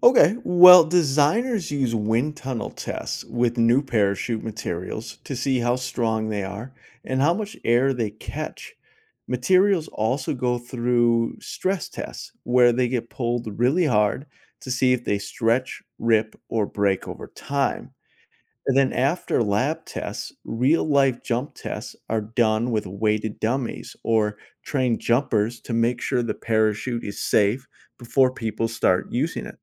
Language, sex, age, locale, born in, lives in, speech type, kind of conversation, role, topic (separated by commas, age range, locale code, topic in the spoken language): English, male, 40-44, en-US, United States, United States, read, expert-assistant, expert, How does a parachute slow a falling person?